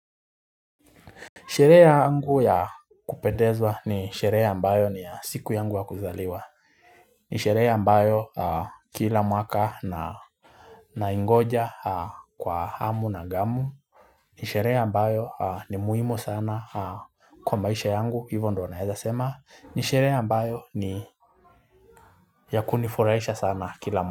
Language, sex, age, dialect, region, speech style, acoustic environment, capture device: Swahili, male, 25-34, Kenya - Nairobi Sheng-influenced, Kenya, relaxed; focused, silence; nature, mobile / Linux / Chrome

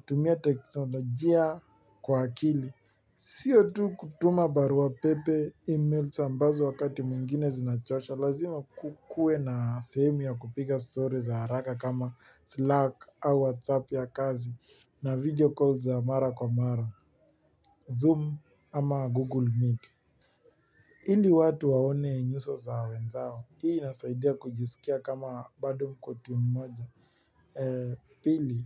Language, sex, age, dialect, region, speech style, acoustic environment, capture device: Swahili, male, 18-24, Kenya - Nairobi Sheng-influenced, Kenya, relaxed, nature, mobile / Linux / Chrome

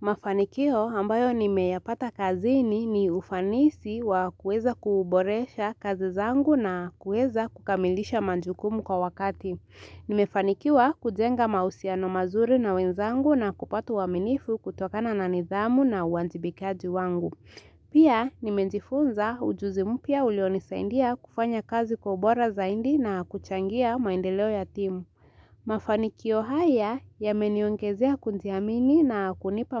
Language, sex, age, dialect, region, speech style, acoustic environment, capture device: Swahili, female, 18-24, Kenya - Nairobi Sheng-influenced, Kenya, relaxed; focused, silence, mobile / Linux / Chrome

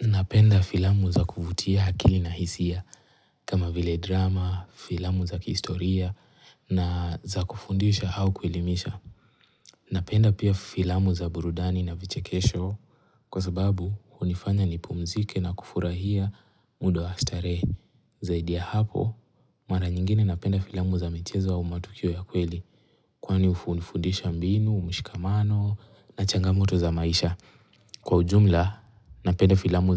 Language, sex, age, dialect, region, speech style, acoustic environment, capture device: Swahili, male, 18-24, Kenya - Mombasa, Kenya, relaxed, silence, mobile / Linux / Chrome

